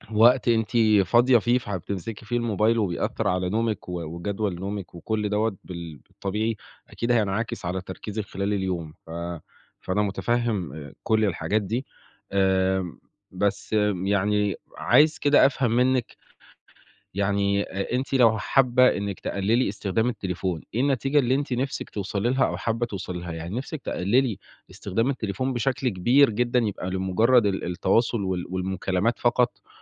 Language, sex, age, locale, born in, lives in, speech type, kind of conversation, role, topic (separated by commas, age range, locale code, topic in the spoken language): Arabic, male, 20-24, ar-EG, Egypt, Italy, conversation, advice, advisor, إزاي أقدر أقلّل اعتمادي الزيادة على الشاشات اللي بيسرق وقتي وبيقلّقني؟